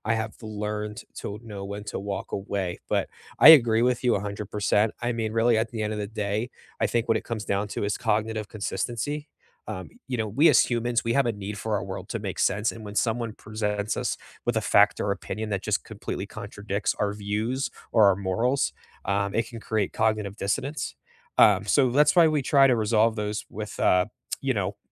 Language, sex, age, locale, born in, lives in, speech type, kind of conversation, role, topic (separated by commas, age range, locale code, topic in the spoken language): English, male, 25-29, en-US, United States, United States, conversation, unstructured, none, What is a surprising lesson you have learned from an argument?